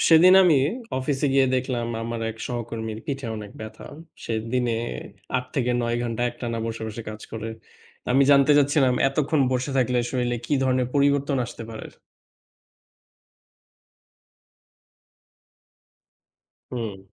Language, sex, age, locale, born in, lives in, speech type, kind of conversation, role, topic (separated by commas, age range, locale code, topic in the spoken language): Bengali, male, 25-29, bn-BD, Bangladesh, Bangladesh, read, expert-assistant, asker, অনেকক্ষণ বসে থাকা শরীরের ওপর কী ধরনের প্রভাব ফেলে, এবং এর ভালো-মন্দ দিক কী?